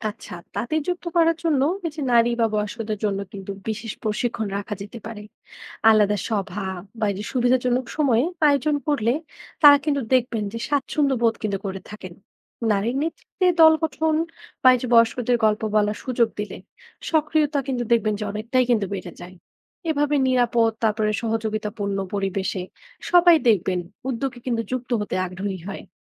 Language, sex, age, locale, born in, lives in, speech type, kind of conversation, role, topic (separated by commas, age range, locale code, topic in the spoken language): Bengali, female, 20-24, bn-BD, Bangladesh, Bangladesh, read, expert-assistant, expert, সীমান্ত এলাকা কীভাবে দুই দেশের মানুষের জন্য নতুন সুযোগের জায়গা হতে পারে?